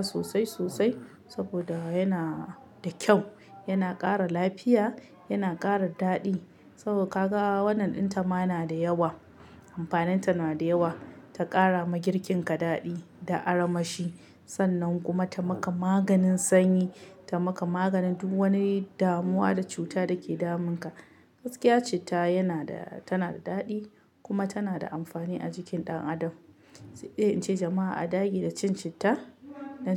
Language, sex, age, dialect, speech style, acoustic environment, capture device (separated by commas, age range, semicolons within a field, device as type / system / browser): Hausa, female, 25-34, Nigeria - Kano, happy, silence, mobile / Linux / Chrome